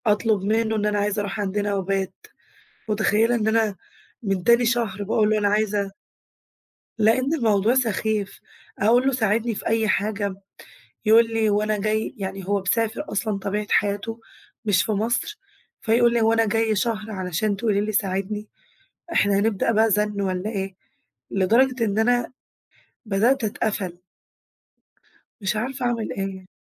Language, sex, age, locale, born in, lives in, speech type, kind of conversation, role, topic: Arabic, female, 20-24, ar-EG, Egypt, Greece, conversation, advice, user, إزاي نحلّ الخلاف المستمر بيني وبين شريكي/شريكتي عن تقسيم شغل البيت؟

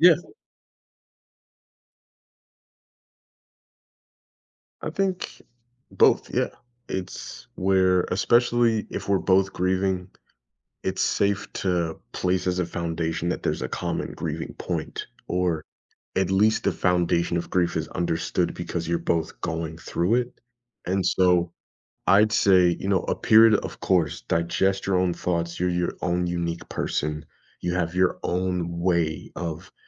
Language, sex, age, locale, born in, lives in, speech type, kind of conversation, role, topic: English, male, 25-29, en-US, United States, United States, conversation, podcast, guest, What are thoughtful ways friends can help someone cope with loss?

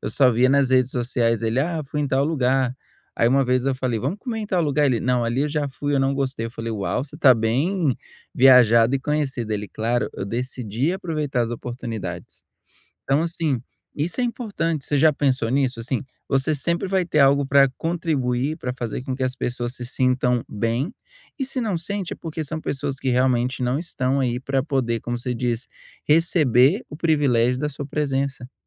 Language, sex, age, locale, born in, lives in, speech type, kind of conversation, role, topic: Portuguese, male, 30-34, pt-BR, Brazil, United States, conversation, advice, advisor, Como lidar com o estresse e a ansiedade em festas e reuniões sociais?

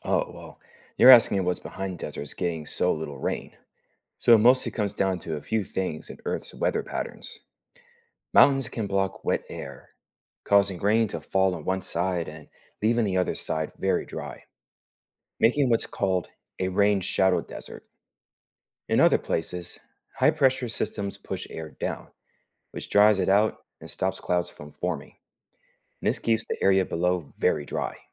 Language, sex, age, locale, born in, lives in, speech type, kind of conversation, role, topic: English, male, 30-34, en-US, United States, United States, read, expert-assistant, expert, What causes deserts to be dry and hot?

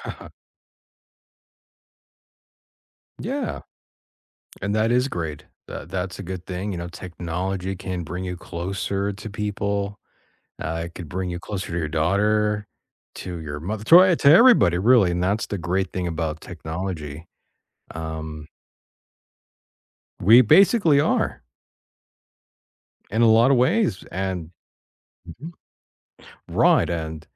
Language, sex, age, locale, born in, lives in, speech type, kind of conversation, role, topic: English, male, 35-39, en-US, United States, United States, conversation, unstructured, none, How has technology changed the way you learn?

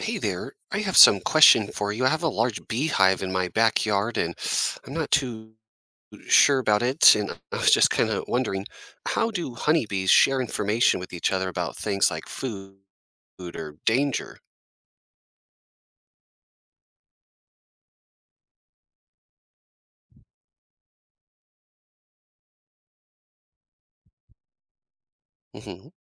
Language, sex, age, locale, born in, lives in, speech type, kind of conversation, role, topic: English, male, 35-39, en-US, United States, United States, read, expert-assistant, asker, How do honeybees communicate with each other?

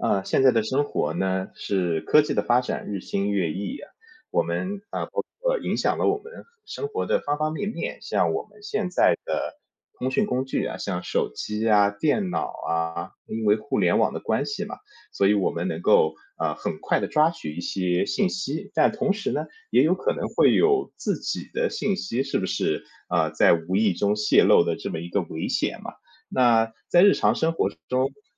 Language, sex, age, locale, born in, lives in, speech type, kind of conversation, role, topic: Chinese, male, 30-34, zh-CN, China, United States, conversation, podcast, host, 你怎样看待个人数据和隐私问题？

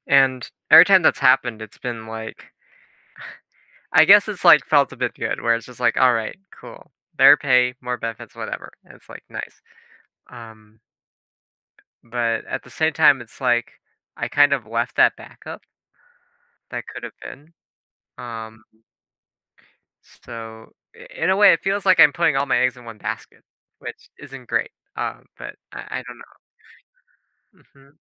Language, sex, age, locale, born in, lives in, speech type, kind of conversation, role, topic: English, male, 20-24, en-US, United States, United States, conversation, advice, user, How can I manage my fear and prepare for an unexpected job loss?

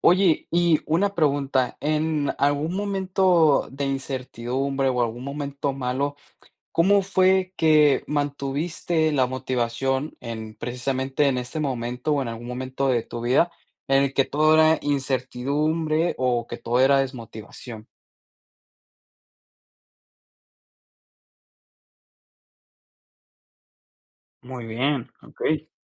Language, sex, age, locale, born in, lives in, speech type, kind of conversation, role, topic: Spanish, male, 20-24, es-MX, Mexico, United States, conversation, podcast, host, ¿Cómo mantenías la motivación cuando todo era incertidumbre?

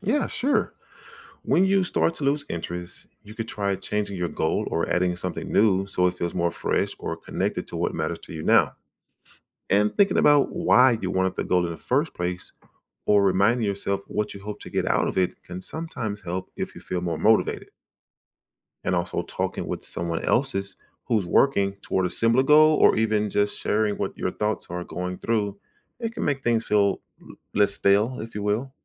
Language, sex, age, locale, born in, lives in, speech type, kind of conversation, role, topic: English, male, 40-44, en-US, United States, United States, read, expert-assistant, expert, How can you stay motivated during slow progress?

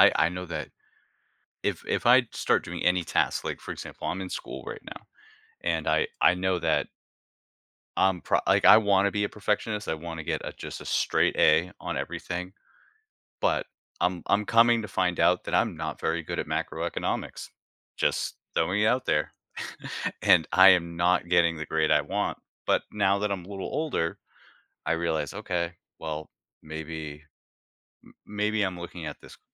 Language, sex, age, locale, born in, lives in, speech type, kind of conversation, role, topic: English, male, 40-44, en-US, United States, United States, conversation, podcast, guest, How can experiencing failure help us grow and improve in life?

